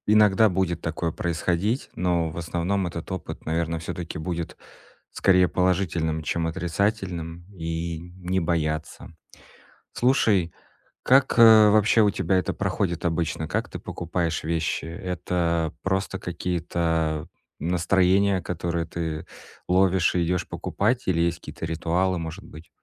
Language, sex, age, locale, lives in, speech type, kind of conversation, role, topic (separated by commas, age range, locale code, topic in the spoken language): Russian, male, 30-34, ru-RU, Estonia, conversation, advice, advisor, Как безопасно и выгодно покупать вещи в интернете?